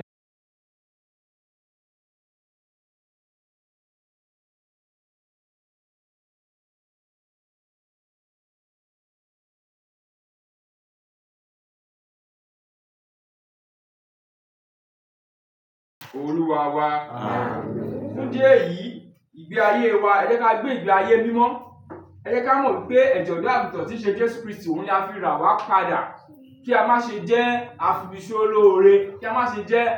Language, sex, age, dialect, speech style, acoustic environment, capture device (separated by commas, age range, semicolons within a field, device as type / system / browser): Yoruba, male, 25-34, Nigeria - Lagos Yoruba, relaxed, people talking, mobile / Linux / Chrome